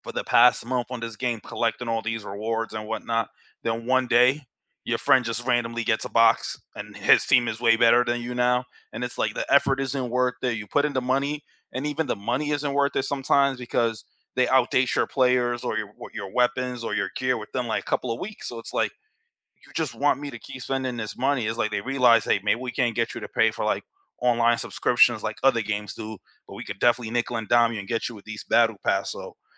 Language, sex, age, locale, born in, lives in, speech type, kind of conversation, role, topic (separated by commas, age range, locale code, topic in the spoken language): English, male, 30-34, en-US, United States, United States, conversation, unstructured, none, Which video games have brought you and your friends together, and which have strained your connections?